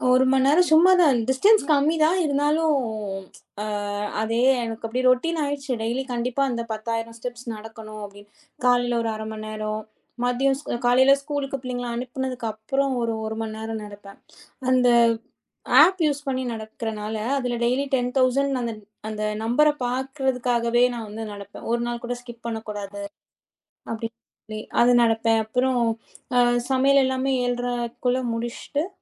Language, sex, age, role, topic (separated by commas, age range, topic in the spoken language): Tamil, female, 30-34, guest, மனமும் உடலும் சமநிலையில் இருக்க நீங்கள் எந்தப் பழக்கங்களைப் பின்பற்றுகிறீர்கள்?